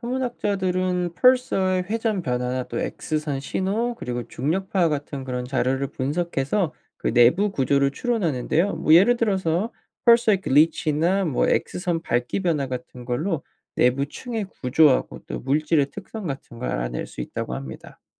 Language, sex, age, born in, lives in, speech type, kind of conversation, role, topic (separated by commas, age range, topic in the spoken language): Korean, male, 25-29, South Korea, United States, read, expert-assistant, expert, 항성은 왜 진화하면서 폭발하거나 백색왜성, 중성자별, 블랙홀로 변화하게 되나요?